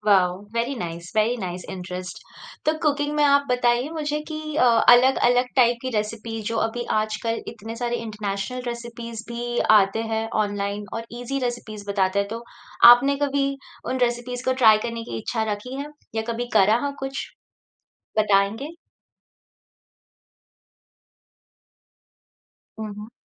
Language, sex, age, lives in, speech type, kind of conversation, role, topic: Hindi, female, 30-34, India, conversation, podcast, host, Tum hamesha curious rehne ke liye kya karte ho?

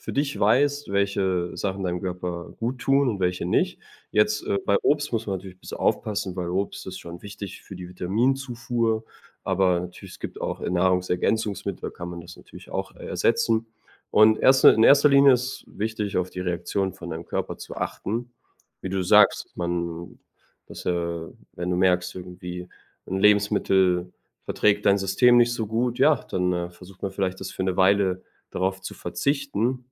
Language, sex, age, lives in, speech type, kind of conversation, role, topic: German, male, 25-29, Germany, conversation, advice, advisor, Wie kann ich damit umgehen, dass mein Körper widersprüchliche Signale zu Hunger und Sättigung sendet?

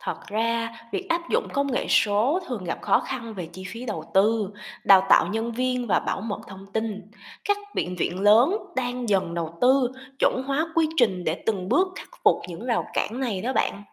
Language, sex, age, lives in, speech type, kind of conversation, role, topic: Vietnamese, female, 25-29, Vietnam, read, expert-assistant, expert, Nếu muốn tìm hiểu về các xu hướng mới trong ngành điều dưỡng, mình nên bắt đầu từ đâu và nguồn thông tin nào là đáng tin cậy?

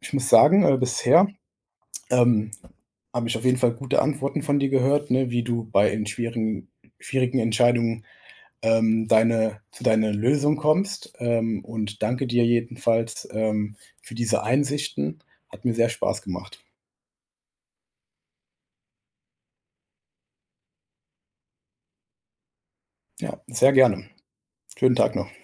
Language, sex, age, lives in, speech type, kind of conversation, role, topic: German, male, 25-29, Germany, conversation, podcast, host, Wie triffst du schwierige Entscheidungen?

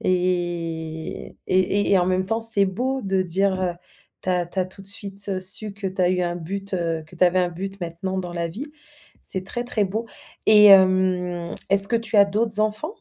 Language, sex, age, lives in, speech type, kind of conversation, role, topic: French, female, 30-34, France, conversation, advice, advisor, Comment gérer le sentiment d’inutilité lorsque vos enfants quittent la maison ?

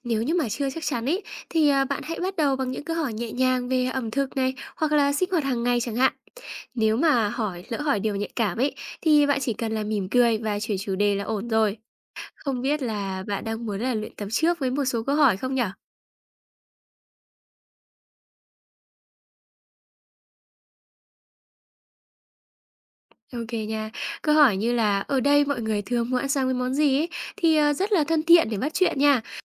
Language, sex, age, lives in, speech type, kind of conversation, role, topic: Vietnamese, female, 20-24, Vietnam, read, expert-assistant, expert, Làm thế nào để lên kế hoạch du lịch giúp phát triển bản thân và mở rộng thế giới quan?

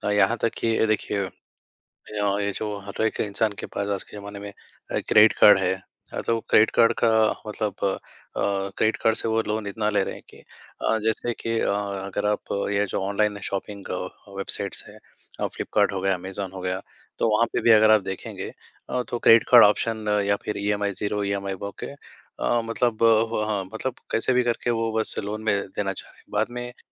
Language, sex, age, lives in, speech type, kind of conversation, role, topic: Hindi, male, 20-24, India, conversation, unstructured, none, कर्ज़ लेना सही है या गलत, और क्यों?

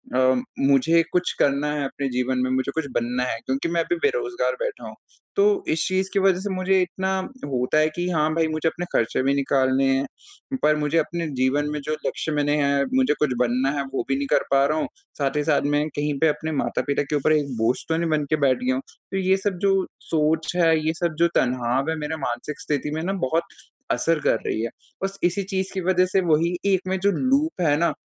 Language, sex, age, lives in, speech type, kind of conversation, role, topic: Hindi, male, 20-24, India, conversation, advice, user, अगर मेरी ऊर्जा या स्वास्थ्य में गिरावट आ रही हो, तो मुझे क्या करना चाहिए?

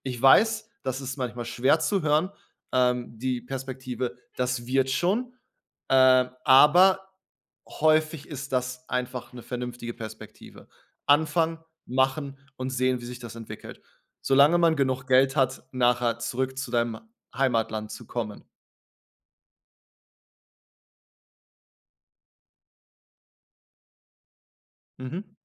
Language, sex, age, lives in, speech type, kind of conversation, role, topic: German, male, 30-34, Germany, conversation, advice, advisor, Wie erlebst du den Wechsel in eine neue Stelle, in der noch vieles unklar ist und neue Erwartungen an dich gestellt werden?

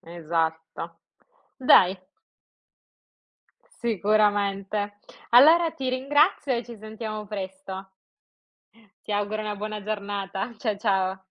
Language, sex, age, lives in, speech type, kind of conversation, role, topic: Italian, female, 25-29, Italy, conversation, unstructured, none, Secondo te, la tecnologia rende le persone più connesse o più isolate?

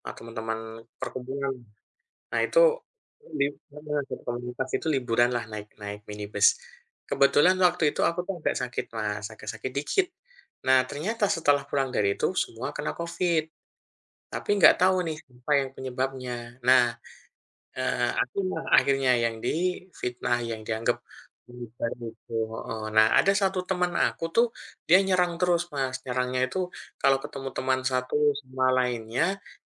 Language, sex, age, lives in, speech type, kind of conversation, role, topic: Indonesian, male, 40-44, Indonesia, conversation, unstructured, none, Bagaimana kamu mengatasi rasa marah saat identitasmu diserang?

